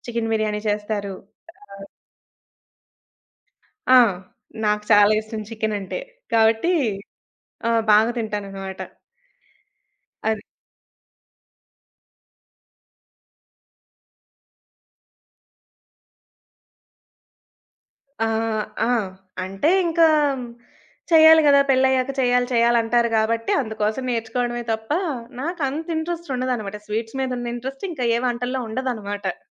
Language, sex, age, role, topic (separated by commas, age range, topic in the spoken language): Telugu, female, 20-24, guest, స్థానిక వంటకాన్ని మొదటిసారిగా రుచి చూసినప్పుడు మీ అనుభవం ఎలా ఉండింది?